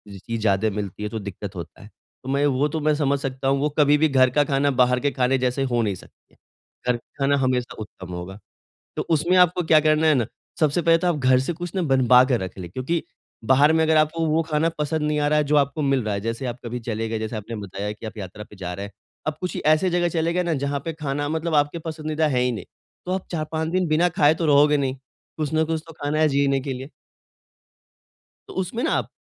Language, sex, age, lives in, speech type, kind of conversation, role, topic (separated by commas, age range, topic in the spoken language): Hindi, male, 25-29, India, conversation, advice, advisor, मैं नई जगह की अनिश्चितताओं के साथ खुद को कैसे ढालूँ?